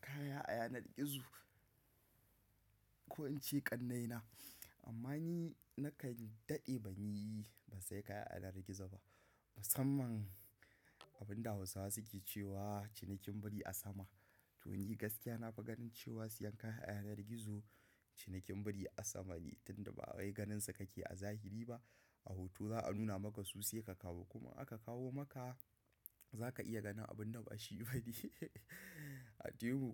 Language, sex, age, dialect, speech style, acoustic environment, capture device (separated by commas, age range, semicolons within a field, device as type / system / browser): Hausa, male, 18-24, Nigeria - Kano, happy, silence, mobile / macOS / Safari